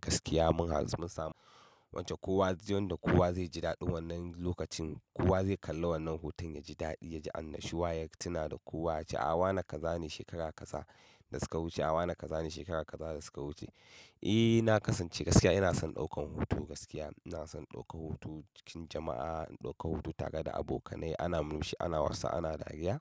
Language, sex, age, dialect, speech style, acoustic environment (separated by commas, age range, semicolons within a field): Hausa, male, 25-34, Nigeria - Katsina, happy, silence